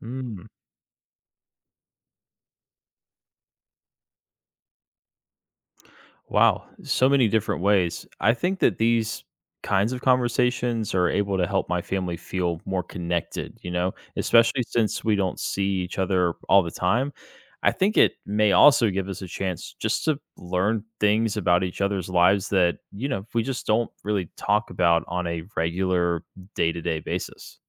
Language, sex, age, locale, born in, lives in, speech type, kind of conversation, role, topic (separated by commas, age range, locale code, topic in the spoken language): English, male, 25-29, en-US, United States, United States, read, expert-assistant, asker, What are the benefits of learning from elders?